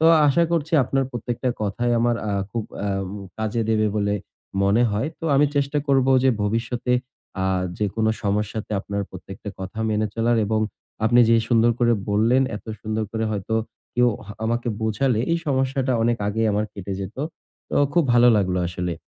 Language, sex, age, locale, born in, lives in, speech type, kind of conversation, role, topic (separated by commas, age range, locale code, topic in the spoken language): Bengali, male, 20-24, bn-BD, Bangladesh, Bangladesh, conversation, advice, user, পরিবারের জন্য কাউকে বেছে নেওয়ার সিদ্ধান্তে অপরাধবোধ